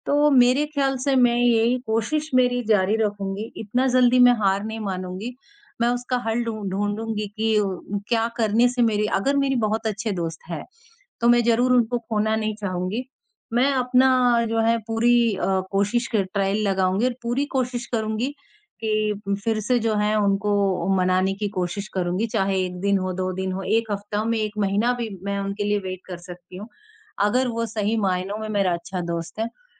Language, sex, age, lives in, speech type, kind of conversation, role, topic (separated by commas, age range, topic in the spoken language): Hindi, male, 18-19, India, conversation, unstructured, none, दोस्तों के बीच मतभेद होने पर आप क्या करते हैं?